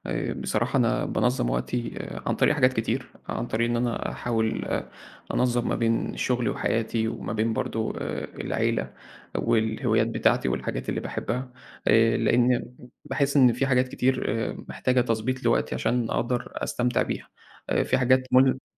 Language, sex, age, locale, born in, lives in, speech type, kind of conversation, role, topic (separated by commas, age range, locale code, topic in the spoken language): Arabic, male, 20-24, ar-EG, Egypt, Egypt, conversation, podcast, guest, إزاي بتنظم وقتك عشان تلاقي وقت لهوايتك؟